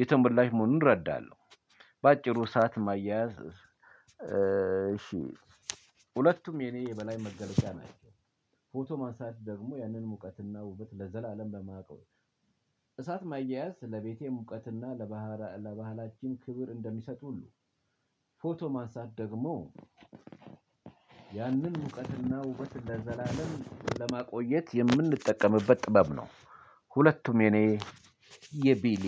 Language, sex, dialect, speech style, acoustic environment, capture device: Amharic, male, Ethiopia - Addis Ababa, focused; relaxed, silence, mobile / Linux / Chrome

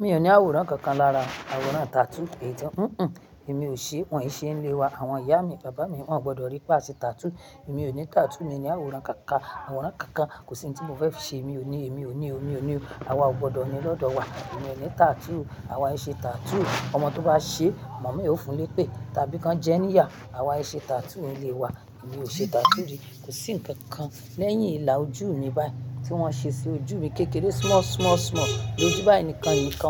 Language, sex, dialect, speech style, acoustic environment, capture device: Yoruba, female, Nigeria - Ibadan, happy; nervous; anxious; excited, people talking; music; nature, mobile / Linux / Chrome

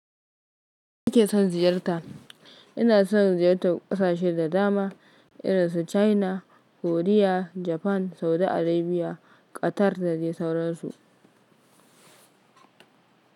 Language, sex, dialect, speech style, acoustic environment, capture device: Hausa, female, Nigeria - Kano, focused, silence; nature, mobile / Linux / Chrome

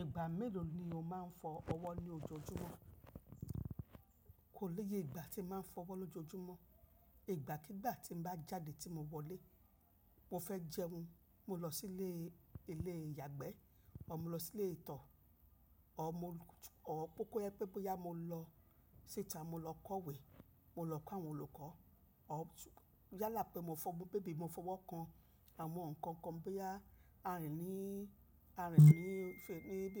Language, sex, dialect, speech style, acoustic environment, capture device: Yoruba, female, Nigeria - Lagos Yoruba, relaxed, nature, mobile / macOS / Safari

